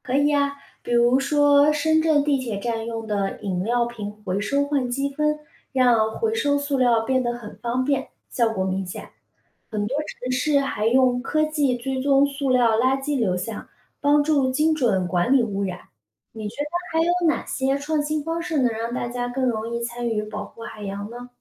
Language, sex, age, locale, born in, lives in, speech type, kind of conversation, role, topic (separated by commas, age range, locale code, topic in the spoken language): Chinese, female, 30-34, zh-CN, China, United States, read, expert-assistant, expert, 在城市里生活，怎样实际参与到保护海洋生物的行动中？